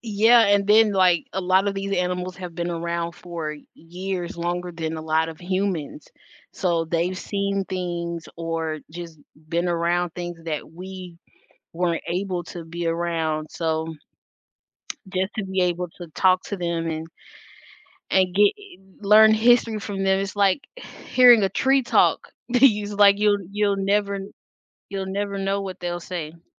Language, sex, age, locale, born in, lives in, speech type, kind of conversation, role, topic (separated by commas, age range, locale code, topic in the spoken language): English, female, 20-24, en-US, United States, United States, conversation, unstructured, none, How might understanding animals' thoughts change the way we relate to them?